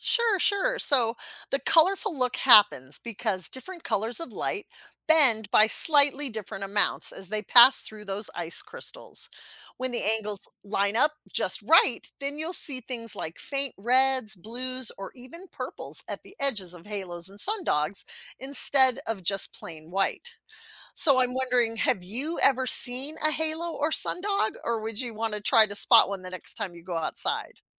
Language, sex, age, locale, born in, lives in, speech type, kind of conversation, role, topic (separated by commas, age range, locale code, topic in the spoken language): English, female, 50-54, en-US, United States, United States, read, expert-assistant, expert, How does a rainbow form after it rains?